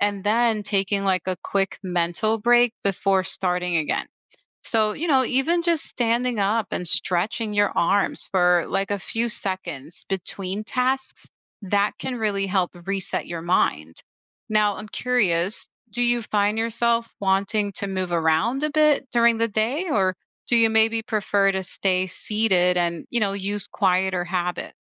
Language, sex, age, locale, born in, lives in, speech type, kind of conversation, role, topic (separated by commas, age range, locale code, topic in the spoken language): English, female, 35-39, en-US, United States, United States, read, expert-assistant, expert, What is an easy way to reduce workday stress?